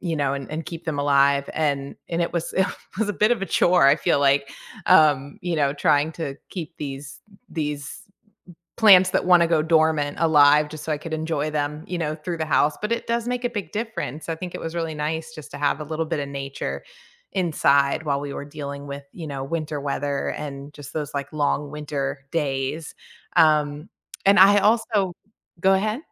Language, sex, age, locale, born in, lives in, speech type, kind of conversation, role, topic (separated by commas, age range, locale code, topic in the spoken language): English, female, 40-44, en-US, United States, United States, conversation, unstructured, none, What recent moments in nature have brightened your mood, and how can we connect through them?